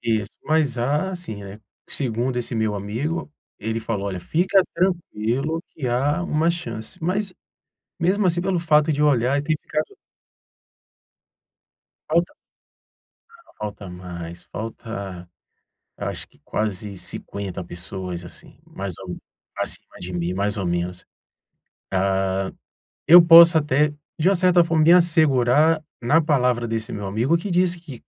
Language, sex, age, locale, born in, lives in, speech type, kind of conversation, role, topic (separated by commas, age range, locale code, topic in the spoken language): Portuguese, male, 40-44, pt-BR, Brazil, Portugal, conversation, advice, user, Como posso manter a motivação quando um projeto da minha startup falha repetidas vezes?